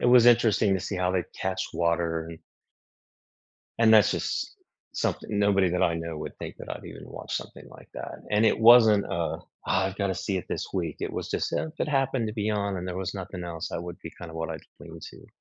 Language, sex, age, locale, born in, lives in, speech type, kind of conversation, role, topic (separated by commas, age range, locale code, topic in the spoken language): English, male, 55-59, en-US, United States, United States, conversation, unstructured, none, Which guilty-pleasure TV shows do you secretly love, and why are they so comforting?